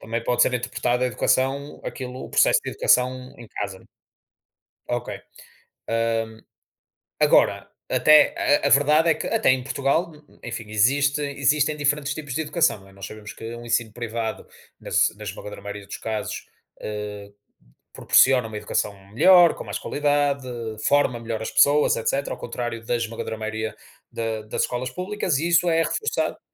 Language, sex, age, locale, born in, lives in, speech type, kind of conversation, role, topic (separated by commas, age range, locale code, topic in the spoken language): Portuguese, male, 30-34, pt-PT, Portugal, Portugal, conversation, unstructured, none, Como a educação pode ajudar a reduzir as desigualdades sociais?